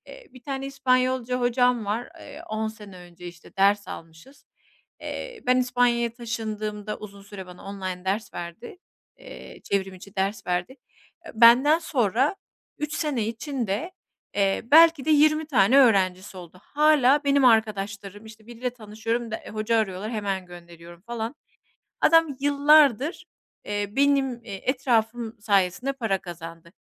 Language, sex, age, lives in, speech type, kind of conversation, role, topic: Turkish, female, 40-44, Spain, conversation, advice, user, İç değerlerimle başkalarının beklentileri arasında nasıl dengeli, gerçekçi ve tatmin edici hedefler belirleyebilirim?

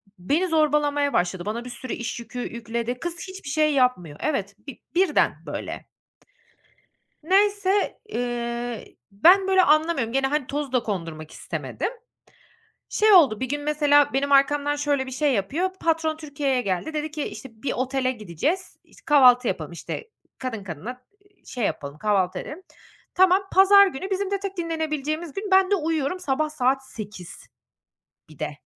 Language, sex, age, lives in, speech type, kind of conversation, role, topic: Turkish, female, 30-34, Netherlands, conversation, podcast, guest, Güven kaybı yaşandığında sence güveni yeniden nasıl kurarsın?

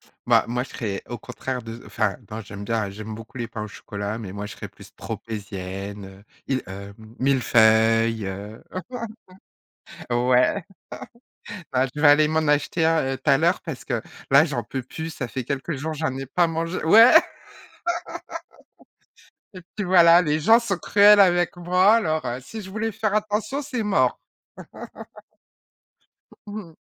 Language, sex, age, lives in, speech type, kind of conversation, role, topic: French, female, 40-44, France, conversation, podcast, host, Comment décrirais-tu le goût d’un souvenir d’enfance ?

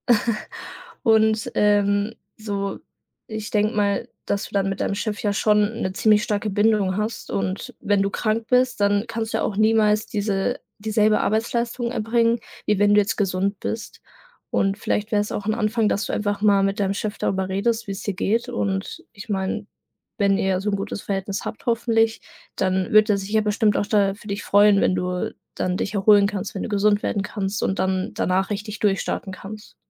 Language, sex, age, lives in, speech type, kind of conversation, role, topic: German, female, 18-19, Germany, conversation, advice, advisor, Wie kann ich mit Schuldgefühlen gegenüber Kolleginnen und Kollegen sowie meiner Familie umgehen, weil ich mich nur langsam erhole?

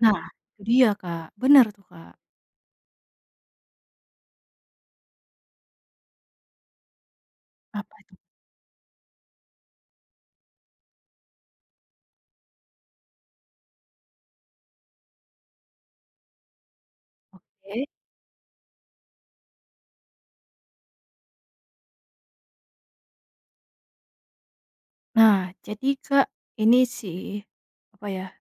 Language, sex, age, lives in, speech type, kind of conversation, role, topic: Indonesian, female, 25-29, Indonesia, conversation, advice, user, Bagaimana tekanan untuk selalu terlihat bahagia di media sosial memengaruhi perasaan Anda?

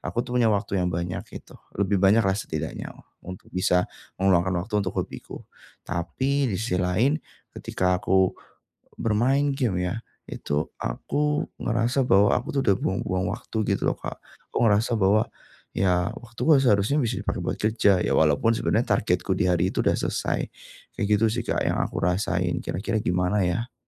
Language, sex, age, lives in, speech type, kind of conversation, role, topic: Indonesian, male, 25-29, Indonesia, conversation, advice, user, Bagaimana cara mulai meluangkan waktu untuk hobi dan minat pribadi ketika waktu terasa terbatas?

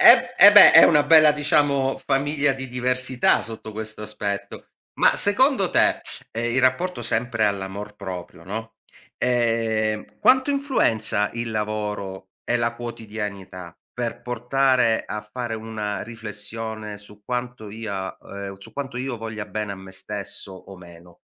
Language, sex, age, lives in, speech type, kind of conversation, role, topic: Italian, male, 40-44, Italy, conversation, podcast, host, Quando hai imparato a essere più gentile con te stesso?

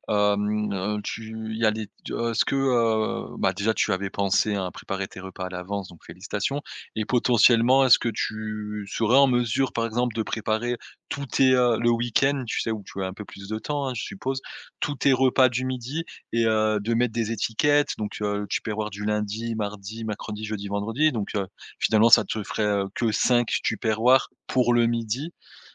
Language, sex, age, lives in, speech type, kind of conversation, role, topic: French, male, 30-34, France, conversation, advice, advisor, Comment puis-je manger régulièrement des repas équilibrés au lieu de grignoter tout le temps ?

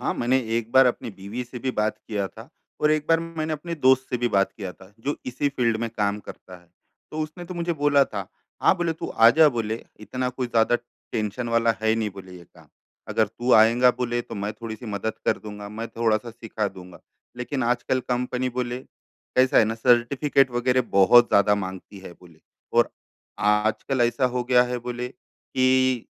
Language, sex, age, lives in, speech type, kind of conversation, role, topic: Hindi, male, 35-39, India, conversation, advice, user, मैं डर के बावजूद नए मौके कैसे आज़मा सकता/सकती हूँ?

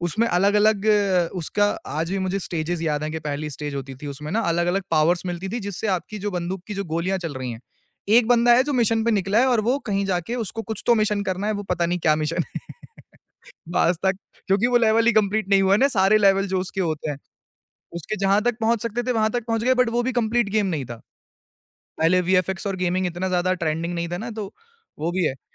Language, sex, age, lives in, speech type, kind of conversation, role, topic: Hindi, male, 25-29, India, conversation, podcast, guest, Tumhare bachpan mein retro games ya arcade khelne ka experience kaisa tha?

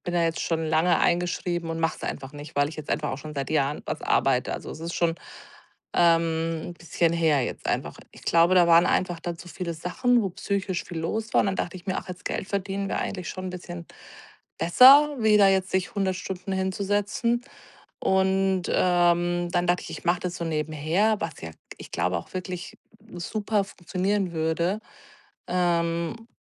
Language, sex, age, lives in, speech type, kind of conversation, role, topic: German, female, 40-44, Germany, conversation, advice, user, Wie kann ich den Perfektionsdruck loslassen und einfach anfangen?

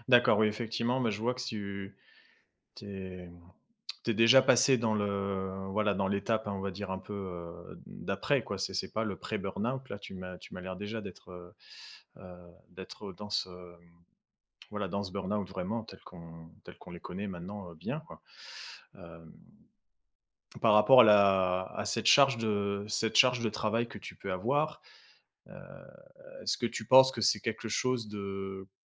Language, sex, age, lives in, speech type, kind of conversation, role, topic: French, male, 35-39, France, conversation, advice, advisor, Pourquoi est-ce que je me sens coupable de prendre un arrêt maladie pour un burn-out ?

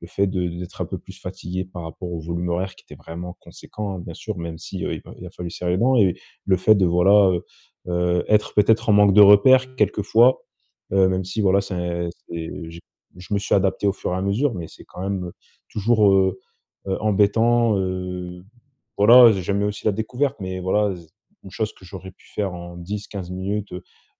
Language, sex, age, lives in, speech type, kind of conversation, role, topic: French, male, 25-29, France, conversation, advice, user, Comment puis-je rester motivé(e) lorsque mes objectifs changent souvent et que je perds le cap ?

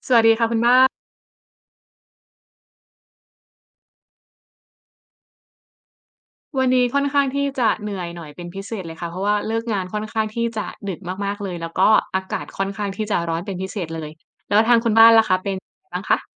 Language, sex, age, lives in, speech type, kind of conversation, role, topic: Thai, female, 25-29, Thailand, conversation, unstructured, none, อะไรคือสิ่งที่ทำให้คุณรู้สึกภูมิใจเกี่ยวกับการเรียนครั้งล่าสุดของคุณ?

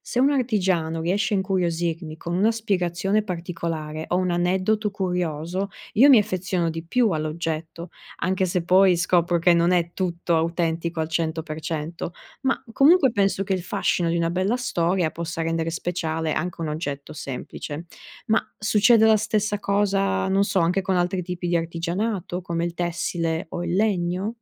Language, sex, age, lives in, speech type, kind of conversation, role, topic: Italian, female, 30-34, Italy, read, expert-assistant, asker, Le innovazioni nei corsi di ceramica aiutano a preservare o a trasformare la tradizione?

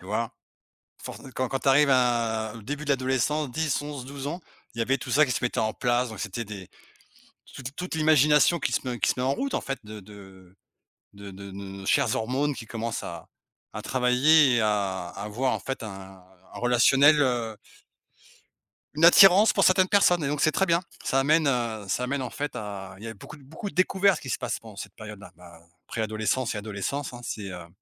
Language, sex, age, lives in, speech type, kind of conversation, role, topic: French, male, 45-49, Portugal, conversation, unstructured, none, Quels souvenirs d’enfance te font toujours sourire ?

